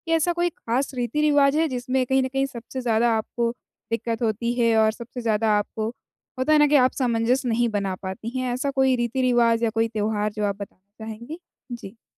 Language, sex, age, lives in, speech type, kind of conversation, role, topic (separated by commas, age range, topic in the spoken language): Hindi, female, 20-24, India, conversation, advice, advisor, नए स्थान पर अलग-अलग रीति-रिवाजों के साथ मैं सामंजस्य कैसे बना सकता/सकती हूँ?